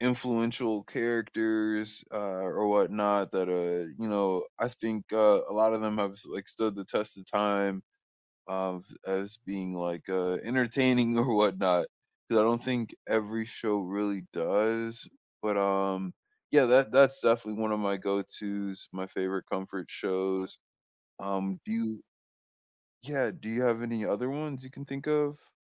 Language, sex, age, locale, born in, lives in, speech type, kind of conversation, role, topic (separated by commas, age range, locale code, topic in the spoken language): English, male, 25-29, en-US, United States, United States, conversation, unstructured, none, What movies or shows do you rewatch for comfort?